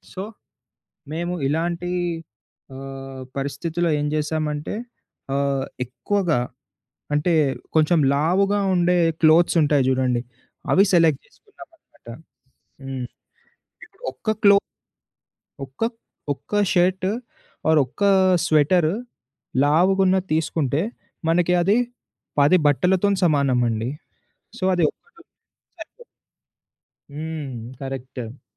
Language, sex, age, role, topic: Telugu, male, 20-24, guest, ట్రెక్కింగ్‌లో సురక్షితంగా ఉండేందుకు మీకు అత్యంత ఉపయోగకరమైన చిట్కాలు ఏవి?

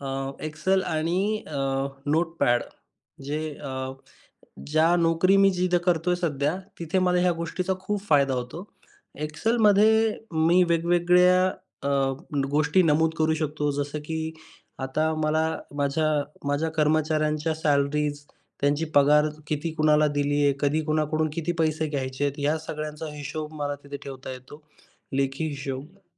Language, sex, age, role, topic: Marathi, male, 25-29, guest, दैनिक कामांचे नियोजन तुम्ही डिजिटल साधनांच्या मदतीने कसे करता?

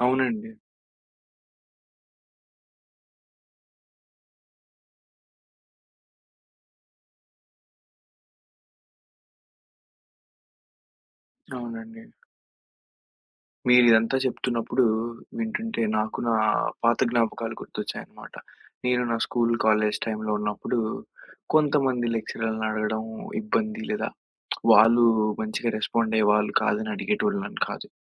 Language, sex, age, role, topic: Telugu, male, 20-24, host, మద్దతు అడగడం నేర్చుకోవడంలో మీరు ఎదుర్కొన్న అతిపెద్ద కష్టం ఏమిటి?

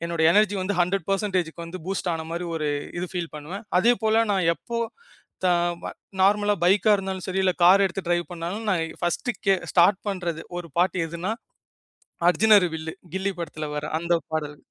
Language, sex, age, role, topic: Tamil, male, 25-29, guest, ஒரே பிளேலிஸ்டில் பல மொழிப் பாடல்கள் கலந்திருந்தால் உங்கள் மனநிலை எப்படி மாறும்?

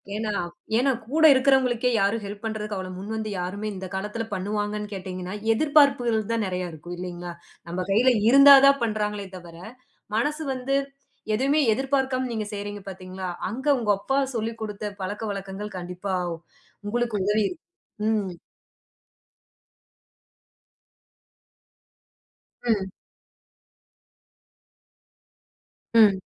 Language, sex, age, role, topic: Tamil, female, 30-34, host, அங்கே நீங்கள் சந்தித்த ஒருவரிடமிருந்து கற்றுக்கொண்ட பழக்கம் எது?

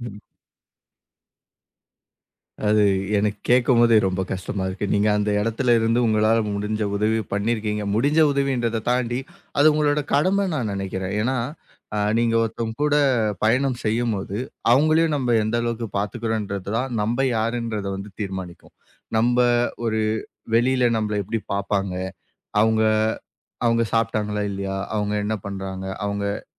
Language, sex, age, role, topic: Tamil, male, 25-29, guest, சிறிது நேரத் தூக்கம் (நாப்) எடுப்பது குறித்து உங்கள் அனுபவம் என்ன?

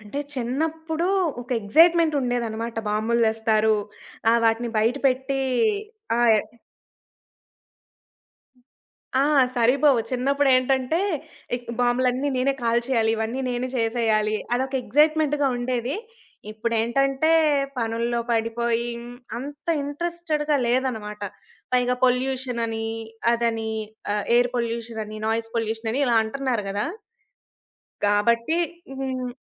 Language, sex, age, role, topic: Telugu, female, 20-24, guest, మీరు పండగలను ఎలా జరుపుకుంటారు?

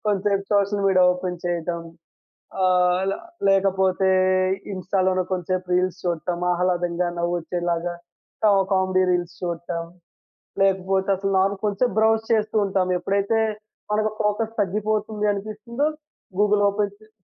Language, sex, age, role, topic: Telugu, male, 20-24, guest, పనిలో ఏకాగ్రత పెంచుకోవడానికి మీరు పాటించే చిన్న రోజువారీ అలవాటు ఏమిటి?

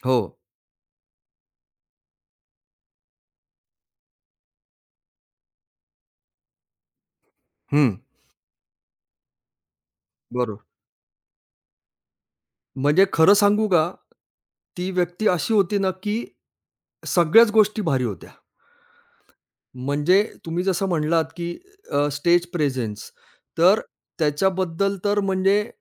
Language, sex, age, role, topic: Marathi, male, 45-49, guest, कोणत्या कलाकाराने तुम्हाला प्रेरणा दिली आहे?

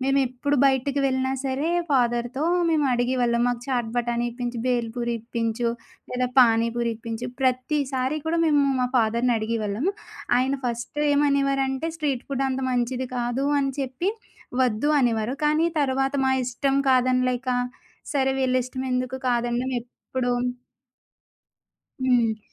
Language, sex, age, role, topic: Telugu, female, 25-29, guest, మీకు ప్రత్యేకంగా గుర్తుండిపోయిన వీధి ఆహారం గురించి చెప్పగలరా?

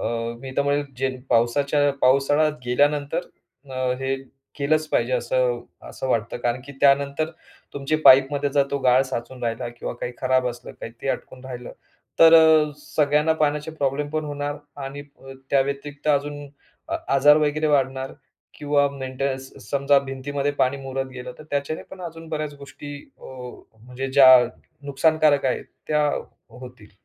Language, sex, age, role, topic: Marathi, male, 35-39, host, घरात पाणी वाचवण्यासाठी कोणते सोपे उपाय करता येतील?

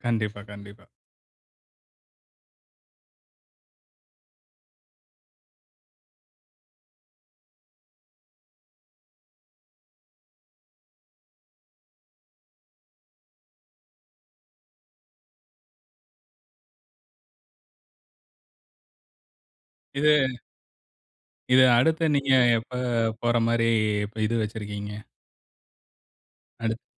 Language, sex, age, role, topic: Tamil, male, 40-44, host, உங்களுக்கு மிகவும் பிடித்த இயற்கைத் தருணம் எது?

